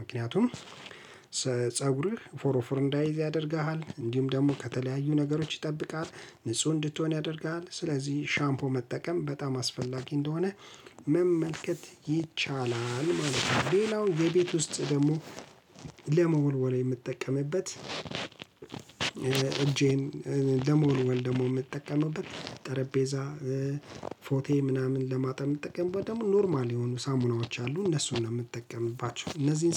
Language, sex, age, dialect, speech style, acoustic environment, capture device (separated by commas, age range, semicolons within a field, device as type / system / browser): Amharic, male, 35-44, Ethiopia - Addis Ababa, relaxed, silence, mobile / Linux / Chrome